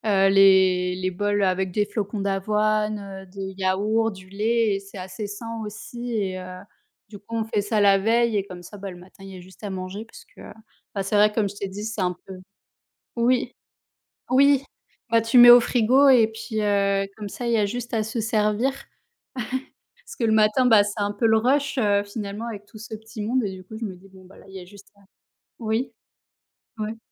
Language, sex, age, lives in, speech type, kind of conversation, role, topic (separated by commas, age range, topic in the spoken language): French, female, 30-34, France, conversation, unstructured, none, Qu’est-ce que tu apprécies dans ta routine matinale ?